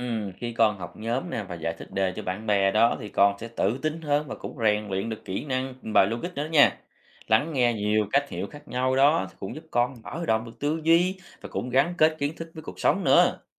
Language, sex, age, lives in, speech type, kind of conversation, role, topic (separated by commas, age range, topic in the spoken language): Vietnamese, male, 30-34, Vietnam, read, expert-assistant, expert, Chương trình học mới có điểm nào bạn thấy chưa rõ ràng không?